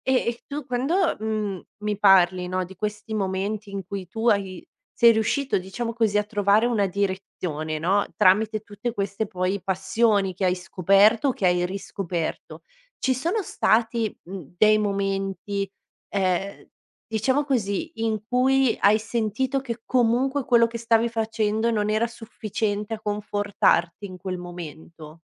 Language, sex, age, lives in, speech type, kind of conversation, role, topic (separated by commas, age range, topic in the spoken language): Italian, female, 30-34, Italy, conversation, podcast, host, Come affronti i momenti in cui ti senti perso o confuso?